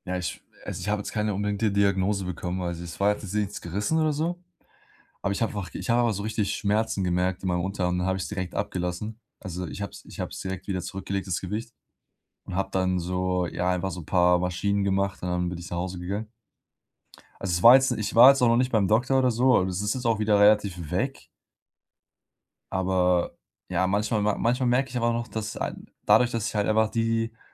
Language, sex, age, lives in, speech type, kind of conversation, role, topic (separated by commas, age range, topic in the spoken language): German, male, 20-24, Germany, conversation, advice, user, Wie kann ich mentale Blockaden beim Training überwinden und motiviert bleiben?